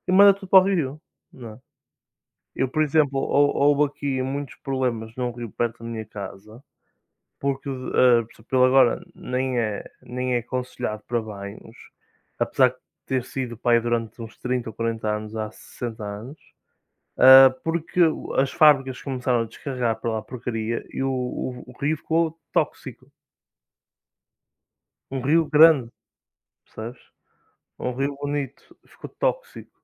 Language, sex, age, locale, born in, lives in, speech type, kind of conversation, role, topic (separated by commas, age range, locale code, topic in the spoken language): Portuguese, male, 20-24, pt-PT, Portugal, Portugal, conversation, unstructured, none, Como você se sente em relação à poluição dos rios e dos mares?